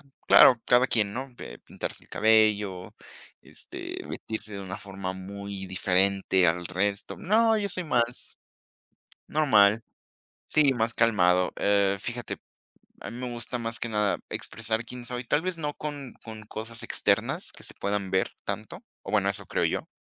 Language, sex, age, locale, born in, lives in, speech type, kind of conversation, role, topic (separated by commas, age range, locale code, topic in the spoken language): Spanish, female, 20-24, es-MX, Mexico, Mexico, conversation, unstructured, none, ¿Cómo te gusta expresar quién eres?